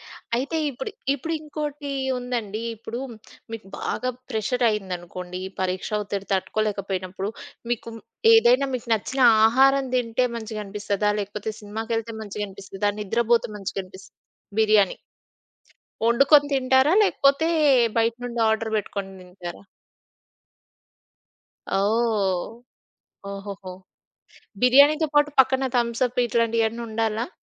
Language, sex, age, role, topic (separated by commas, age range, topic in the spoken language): Telugu, female, 30-34, host, పరీక్షల ఒత్తిడిని మీరు ఎలా నిర్వహిస్తారు?